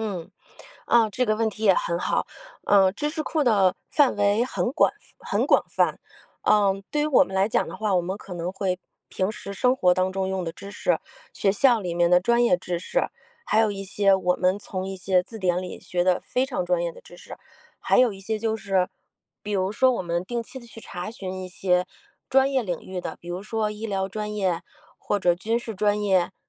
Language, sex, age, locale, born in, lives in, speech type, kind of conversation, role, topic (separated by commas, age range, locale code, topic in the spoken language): Chinese, female, 40-44, zh-CN, China, United States, conversation, podcast, guest, 你有哪些整理知识库的实用技巧？